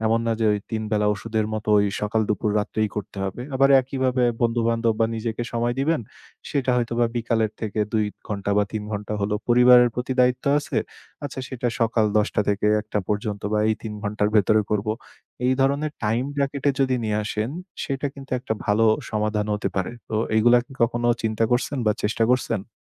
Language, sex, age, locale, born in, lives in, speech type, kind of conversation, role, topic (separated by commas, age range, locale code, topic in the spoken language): Bengali, male, 25-29, bn-BD, Bangladesh, Bangladesh, conversation, advice, advisor, সময় ব্যবস্থাপনা নিয়ে আপনার কী সমস্যা হচ্ছে?